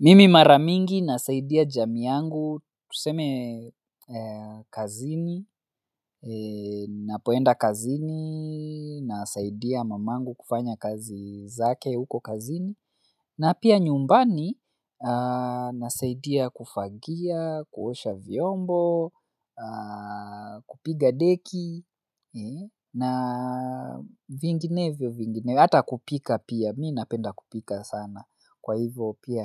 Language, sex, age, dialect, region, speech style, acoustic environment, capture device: Swahili, male, 25-34, Kenya - Nairobi Sheng-influenced, Kenya, focused; relaxed, silence, mobile / Linux / Chrome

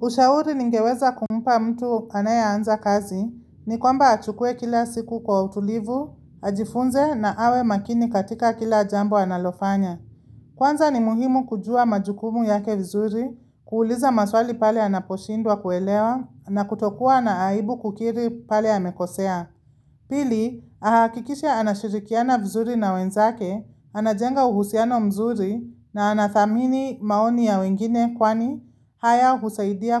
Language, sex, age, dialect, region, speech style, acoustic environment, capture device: Swahili, female, 25-34, Kenya - Nairobi Sheng-influenced, Kenya, happy; focused; excited, silence, mobile / Linux / Chrome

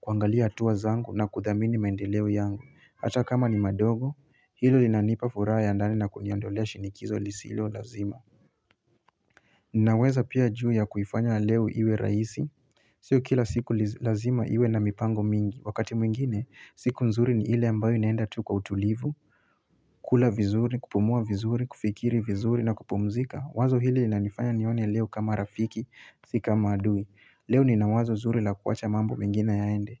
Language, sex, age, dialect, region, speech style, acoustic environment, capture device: Swahili, male, 25-34, Kenya - Mombasa, Kenya, happy, silence, mobile / Linux / Chrome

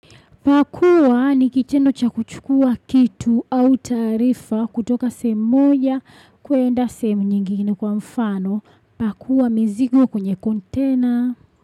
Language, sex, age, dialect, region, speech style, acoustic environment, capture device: Swahili, female, 25-34, Tanzania - Dar es Salaam, Tanzania, happy, people talking; nature, desktop / Windows / Chrome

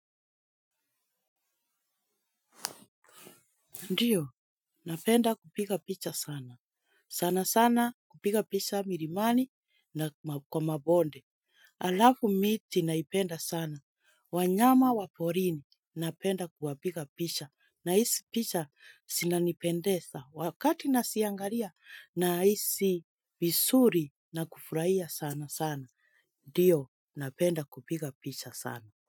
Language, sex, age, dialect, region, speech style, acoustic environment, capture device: Swahili, female, 25-34, Kenya - Nairobi Sheng-influenced, Kenya, happy; relaxed, silence, mobile / Linux / Chrome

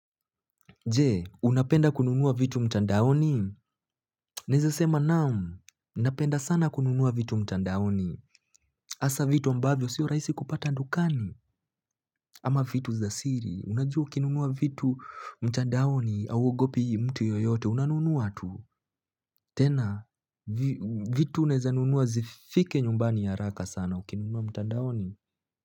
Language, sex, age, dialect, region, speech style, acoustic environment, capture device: Swahili, male, 18-24, Kenya - Nairobi Sheng-influenced, Kenya, happy, silence, mobile / Linux / Chrome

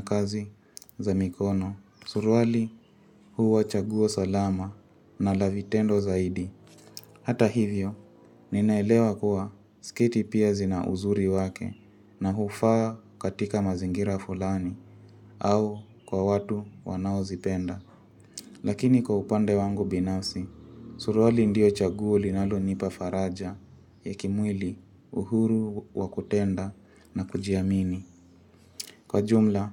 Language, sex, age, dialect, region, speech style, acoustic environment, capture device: Swahili, female, 25-34, Kenya - Mombasa, Kenya, relaxed; focused, music; nature; traffic, mobile / Linux / Chrome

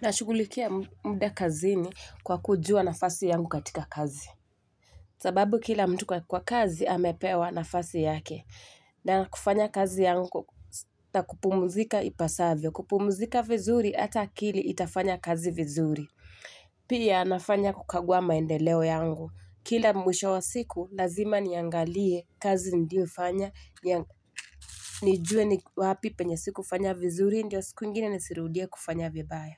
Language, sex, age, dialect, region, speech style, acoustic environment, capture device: Swahili, female, 25-34, Kenya - Mombasa, Kenya, anxious, nature, mobile / Linux / Chrome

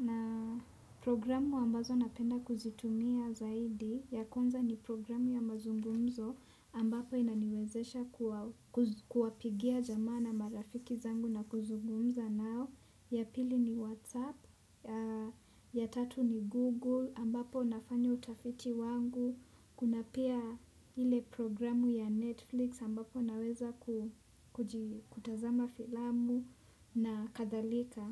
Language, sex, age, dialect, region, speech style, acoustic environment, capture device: Swahili, female, 18-24, Kenya - Nairobi Sheng-influenced, Kenya, relaxed; focused, traffic, desktop / Windows / Chrome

